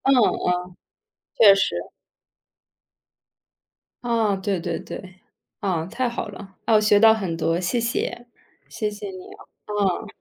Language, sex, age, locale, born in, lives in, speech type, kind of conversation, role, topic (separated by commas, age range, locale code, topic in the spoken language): Chinese, female, 30-34, zh-CN, China, United States, read, expert-assistant, asker, 用什么方法能直接体验不同语言的幽默和表达方式？